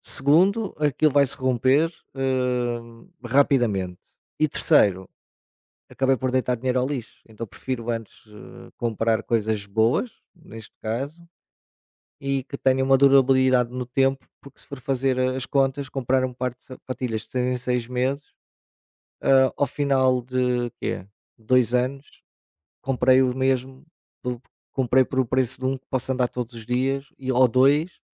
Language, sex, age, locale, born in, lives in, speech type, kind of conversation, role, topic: Portuguese, male, 45-49, pt-PT, Portugal, Portugal, conversation, unstructured, none, Você já se arrependeu de alguma compra que fez?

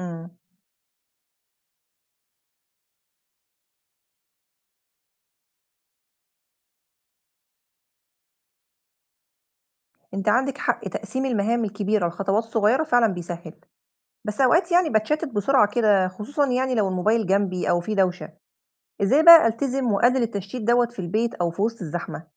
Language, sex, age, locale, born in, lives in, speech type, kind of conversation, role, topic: Arabic, female, 35-39, ar-EG, Egypt, Egypt, read, expert-assistant, asker, إزاي أقدر أنظم يومي بحيث أخصص وقت ثابت للاسترخاء وتقليل التوتر وسط ضغط الشغل والحياة؟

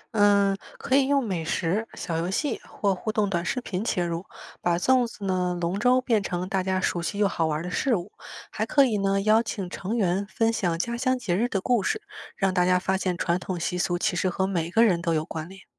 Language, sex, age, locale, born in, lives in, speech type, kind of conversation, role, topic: Chinese, female, 25-29, zh-CN, China, United States, read, expert-assistant, expert, 你觉得我们可以用哪些新方法，让不同地方的节日和习俗被更多人了解和喜欢？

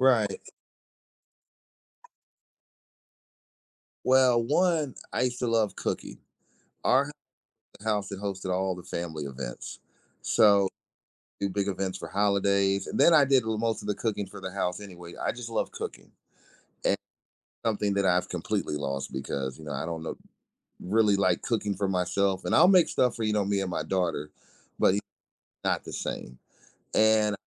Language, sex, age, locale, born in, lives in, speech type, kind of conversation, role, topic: English, male, 40-44, en-US, United States, United States, conversation, advice, user, How can I manage feeling overwhelmed by daily responsibilities and find time to relax?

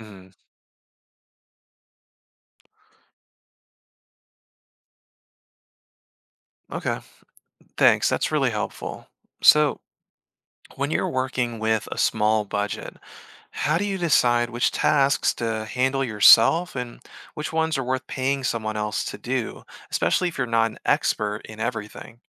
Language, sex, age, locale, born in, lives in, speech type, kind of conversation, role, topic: English, male, 30-34, en-US, Germany, United States, read, expert-assistant, asker, How can startups manage limited resources effectively?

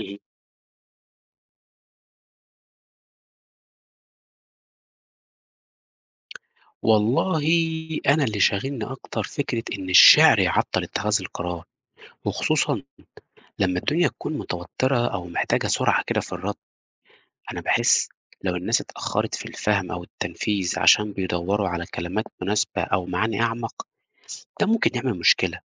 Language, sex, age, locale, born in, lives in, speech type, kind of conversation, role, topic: Arabic, male, 30-34, ar-EG, Egypt, Portugal, read, expert-assistant, asker, تفتكر لو كل كلامنا كان شعر حياتنا كانت هتتغير إزاي؟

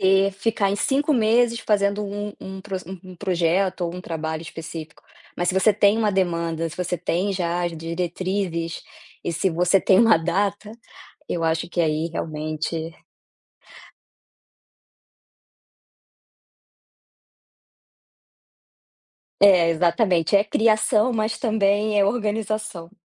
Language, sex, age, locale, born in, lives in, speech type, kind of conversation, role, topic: Portuguese, female, 30-34, pt-BR, Brazil, Spain, conversation, podcast, guest, O que muda quando você cria por prazer em vez de por trabalho?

